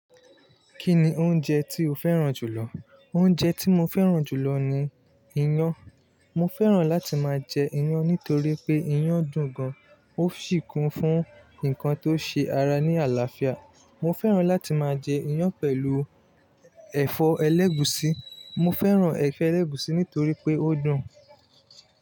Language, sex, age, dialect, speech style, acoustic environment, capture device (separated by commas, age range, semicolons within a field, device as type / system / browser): Yoruba, male, 25-34, Nigeria - Lagos Yoruba, relaxed, traffic; people talking; music, mobile / Linux / Chrome